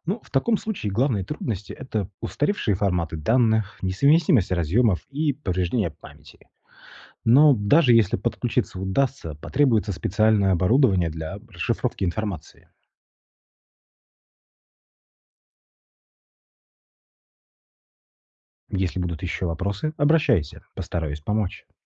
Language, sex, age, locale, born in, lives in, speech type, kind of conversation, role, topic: Russian, male, 35-39, ru-RU, Russia, Italy, read, expert-assistant, expert, Почему некоторые марсоходы теряют связь с Землёй: возможные причины и способы диагностики?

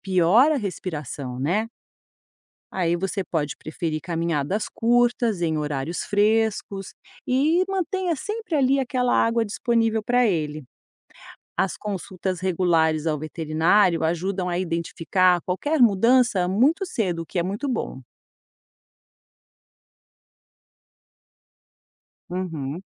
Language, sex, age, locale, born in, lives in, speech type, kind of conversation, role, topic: Portuguese, female, 50-54, pt-BR, Brazil, United States, read, expert-assistant, expert, Por que alguns cachorros de raça têm dificuldade para respirar? Como identificar se é grave?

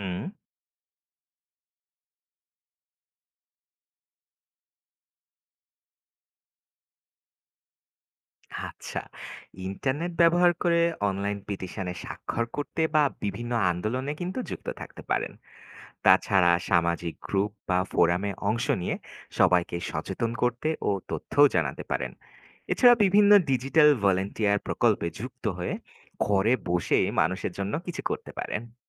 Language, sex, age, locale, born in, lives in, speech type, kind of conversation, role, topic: Bengali, male, 25-29, bn-BD, Bangladesh, Bangladesh, read, expert-assistant, expert, ইন্টারনেটের মাধ্যমে সামাজিক কাজে অংশগ্রহণ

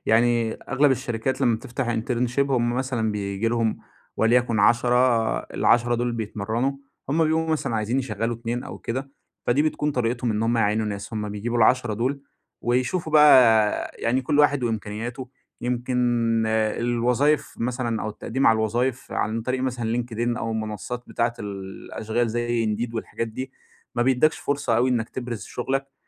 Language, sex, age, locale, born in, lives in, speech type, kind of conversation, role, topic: Arabic, male, 25-29, ar-EG, Egypt, Egypt, conversation, advice, advisor, إزاي ألاقي معنى وهدف في شغلي اليومي لما يحس إنه روتين وممل؟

